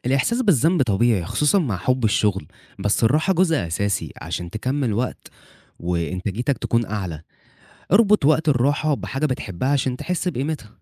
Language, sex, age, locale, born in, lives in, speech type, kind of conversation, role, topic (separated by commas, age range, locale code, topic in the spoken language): Arabic, male, 20-24, ar-EG, Egypt, Egypt, read, expert-assistant, expert, إيه الخطوات اللي ممكن أعملها عشان أعيش حياة صحية أكتر وسط زحمة الشغل وضغوط الحياة؟